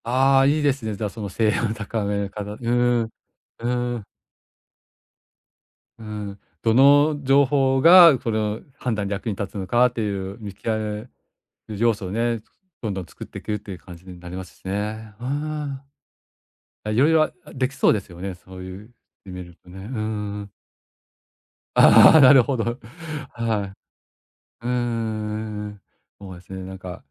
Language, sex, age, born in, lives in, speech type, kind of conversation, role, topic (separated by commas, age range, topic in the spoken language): Japanese, male, 45-49, Japan, Japan, conversation, advice, advisor, 野心と忍耐のバランス